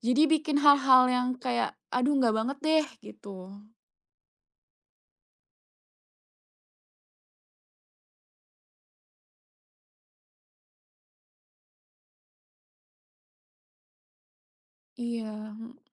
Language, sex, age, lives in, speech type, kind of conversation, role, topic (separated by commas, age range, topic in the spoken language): Indonesian, female, 25-29, Indonesia, conversation, advice, user, Bagaimana cara mengatasi rasa takut kembali bekerja setelah cuti karena khawatir kelelahan kambuh?